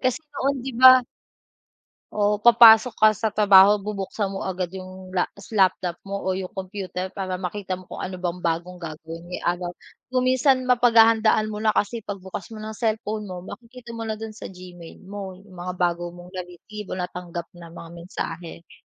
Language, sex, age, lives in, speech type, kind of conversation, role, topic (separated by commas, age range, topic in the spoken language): Filipino, female, 25-29, Philippines, conversation, unstructured, none, Paano binago ng matalinong telepono ang ating pang-araw-araw na buhay?